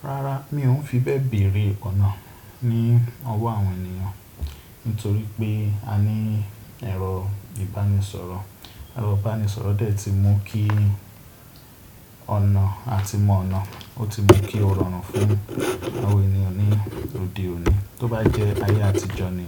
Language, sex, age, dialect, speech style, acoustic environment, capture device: Yoruba, male, 25-34, Nigeria - Ibadan, relaxed, silence; nature, desktop / Windows / Chrome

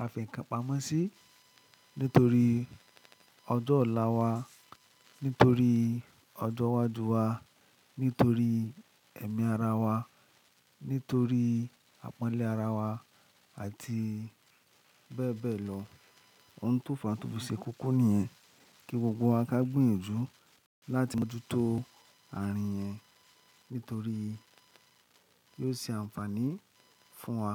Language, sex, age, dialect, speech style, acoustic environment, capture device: Yoruba, male, 25-34, Nigeria - Lagos Yoruba, happy; excited, nature; silence, mobile / Linux / Chrome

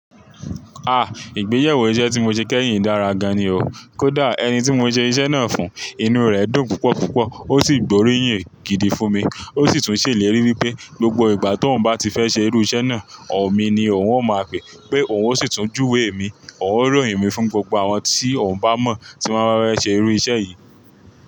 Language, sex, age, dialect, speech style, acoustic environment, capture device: Yoruba, male, 25-34, Nigeria - Ibadan, relaxed, traffic; people talking, mobile / Linux / Chrome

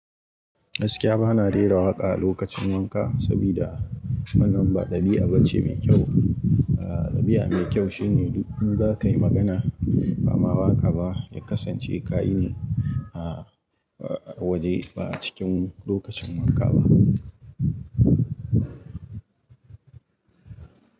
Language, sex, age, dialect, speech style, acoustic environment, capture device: Hausa, male, 25-34, Nigeria - Kano, relaxed, nature; silence, mobile / Linux / Chrome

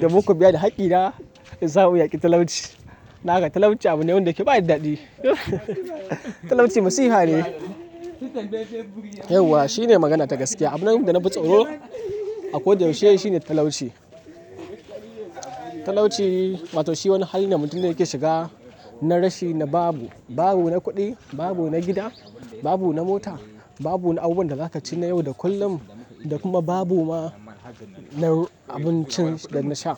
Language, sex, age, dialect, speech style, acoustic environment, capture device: Hausa, male, 18-24, Nigeria - Kano, excited, nature, mobile / Linux / Chrome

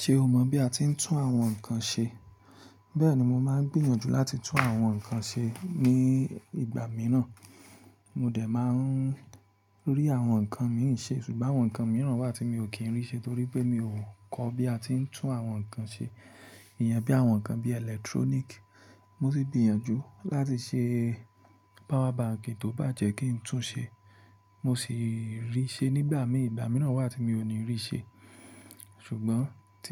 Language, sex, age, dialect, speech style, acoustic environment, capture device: Yoruba, male, 25-34, Nigeria - Ibadan, relaxed, appliances, mobile / Linux / Chrome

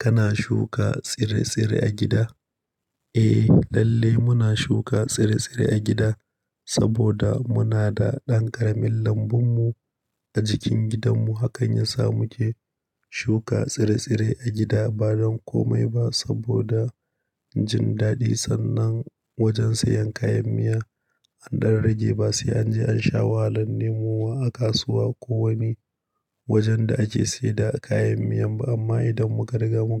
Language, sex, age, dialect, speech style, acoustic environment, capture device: Hausa, male, 25-34, Nigeria - Nigerian Standard, happy, nature; silence, mobile / Linux / Chrome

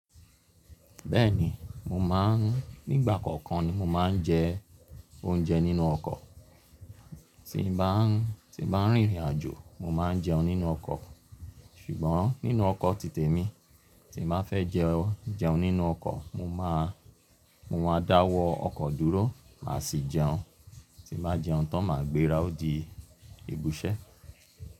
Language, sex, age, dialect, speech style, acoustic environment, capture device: Yoruba, male, 35-44, Nigeria - Lagos Yoruba, relaxed; focused, silence, mobile / Linux / Chrome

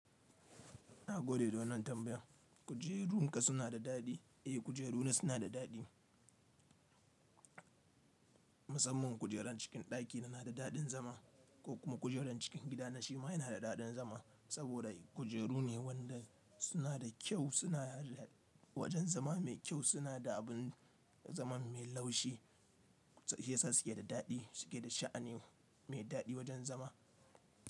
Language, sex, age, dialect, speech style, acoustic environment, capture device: Hausa, male, 25-34, Nigeria - Nigerian Standard, happy; relaxed, nature, mobile / Linux / Chrome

